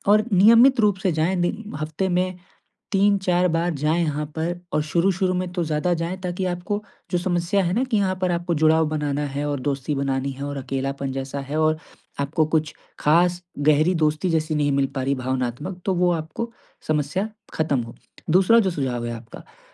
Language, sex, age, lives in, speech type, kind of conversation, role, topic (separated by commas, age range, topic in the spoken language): Hindi, male, 20-24, India, conversation, advice, advisor, मैं नए शहर में स्थानीय समुदाय से कैसे जुड़ूँ और दोस्त कैसे बनाऊँ?